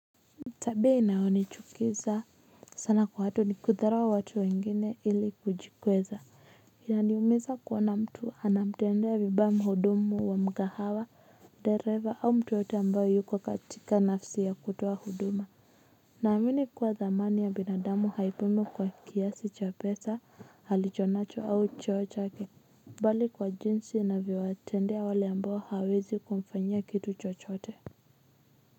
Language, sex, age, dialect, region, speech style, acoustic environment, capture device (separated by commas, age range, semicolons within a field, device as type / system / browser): Swahili, female, 25-34, Kenya - Nairobi Sheng-influenced, Kenya, relaxed, silence, mobile / Linux / Chrome